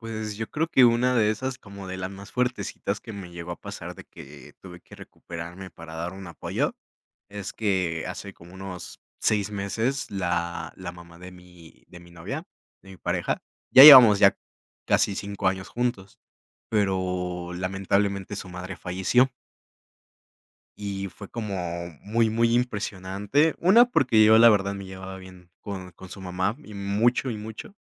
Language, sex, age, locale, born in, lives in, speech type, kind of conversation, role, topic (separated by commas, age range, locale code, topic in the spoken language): Spanish, male, 20-24, es-MX, Mexico, Mexico, conversation, podcast, guest, ¿Qué te ayuda a recuperarte después de un mal día?